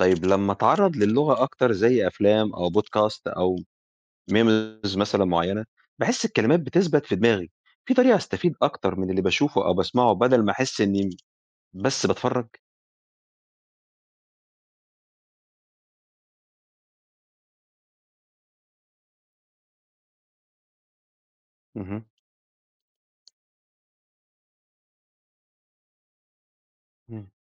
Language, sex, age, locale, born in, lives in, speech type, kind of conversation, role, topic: Arabic, male, 45-49, ar-EG, Egypt, Egypt, read, expert-assistant, asker, إزاي أوازن بين تعلم اللغات التانية وفي نفس الوقت أحافظ على قوة لغتي الأم؟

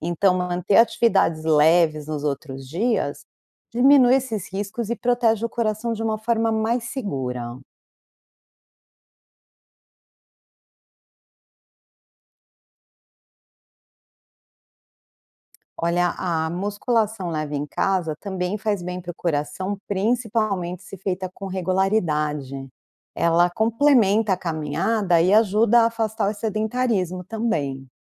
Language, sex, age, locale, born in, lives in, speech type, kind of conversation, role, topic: Portuguese, female, 45-49, pt-BR, Brazil, United States, read, expert-assistant, expert, O que é mais eficiente para melhorar a saúde cardiovascular: exercícios aeróbicos ou musculação?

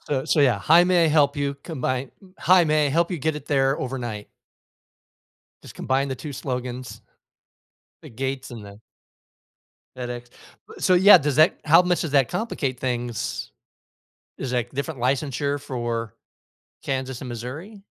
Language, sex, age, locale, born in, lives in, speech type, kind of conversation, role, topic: English, male, 55-59, en-US, United States, United States, conversation, advice, advisor, How do I make the most of my first weeks and manage nerves at a new job?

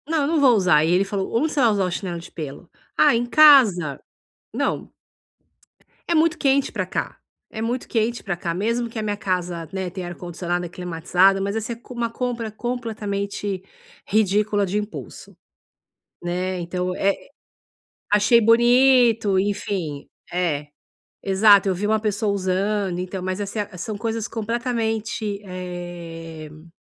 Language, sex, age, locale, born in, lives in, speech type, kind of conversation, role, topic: Portuguese, female, 50-54, pt-BR, Brazil, United States, conversation, advice, user, Como posso evitar compras por impulso e controlar meus gastos?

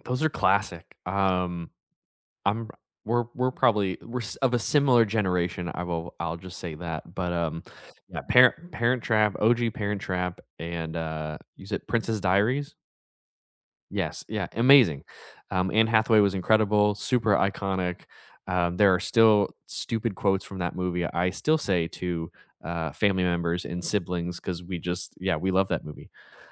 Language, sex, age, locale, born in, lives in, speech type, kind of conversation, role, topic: English, male, 30-34, en-US, United States, United States, conversation, unstructured, none, Which comfort movie or TV series do you return to, and why does it feel like home?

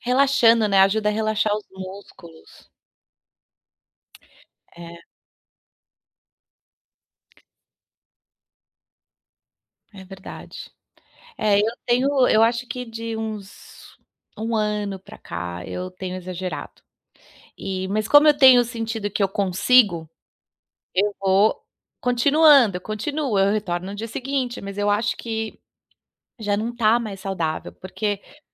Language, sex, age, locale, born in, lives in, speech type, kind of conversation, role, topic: Portuguese, female, 35-39, pt-BR, Brazil, United States, conversation, advice, user, Como posso definir metas de condicionamento físico realistas sem me sentir inseguro(a)?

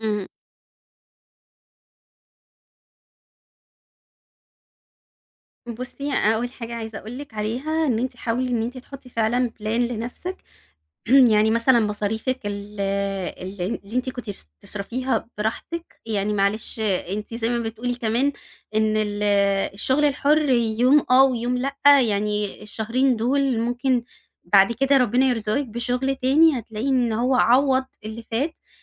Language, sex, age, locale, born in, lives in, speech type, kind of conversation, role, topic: Arabic, female, 30-34, ar-EG, Egypt, Egypt, conversation, advice, advisor, إزاي أتعامل مع تقلب الدخل في الشغل الحر وعدم الاستقرار المالي المؤقت؟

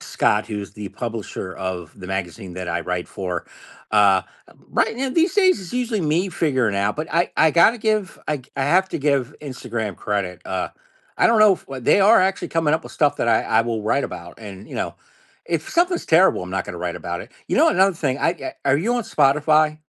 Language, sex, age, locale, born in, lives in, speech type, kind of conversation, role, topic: English, male, 50-54, en-US, United States, United States, conversation, podcast, guest, What influences the way you find and enjoy new music these days?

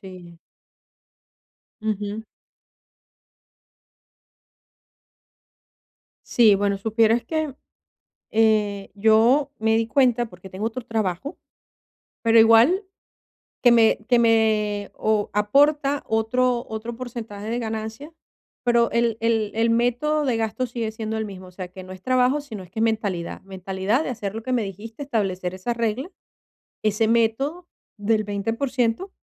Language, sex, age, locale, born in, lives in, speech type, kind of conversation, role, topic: Spanish, female, 50-54, es-VE, Venezuela, Portugal, conversation, advice, user, ¿Cómo puedo controlar mejor mis gastos y ahorrar cada mes?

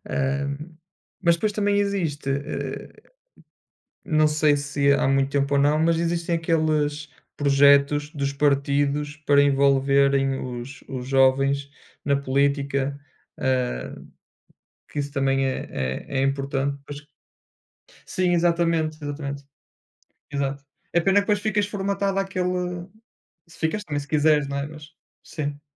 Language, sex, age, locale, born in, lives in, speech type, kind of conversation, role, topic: Portuguese, male, 30-34, pt-PT, Portugal, Portugal, conversation, unstructured, none, Você acredita que os jovens deveriam se envolver mais na política?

